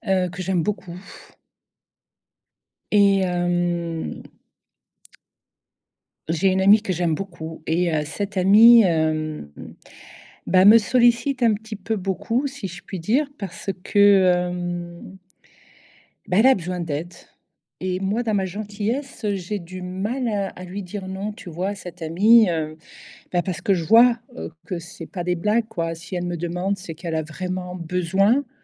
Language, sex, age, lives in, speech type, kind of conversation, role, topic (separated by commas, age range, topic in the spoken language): French, female, 55-59, Portugal, conversation, advice, user, Pourquoi ai-je du mal à dire non quand un ami me demande de l’aide ?